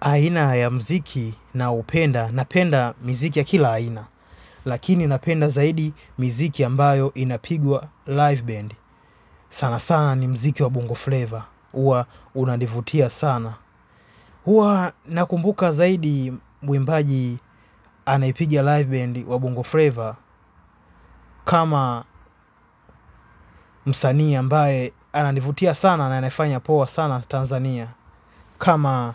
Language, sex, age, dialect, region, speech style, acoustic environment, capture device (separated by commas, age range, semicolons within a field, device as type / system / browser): Swahili, male, 25-34, Tanzania - Dar es Salaam, Tanzania, relaxed, silence, mobile / Linux / Chrome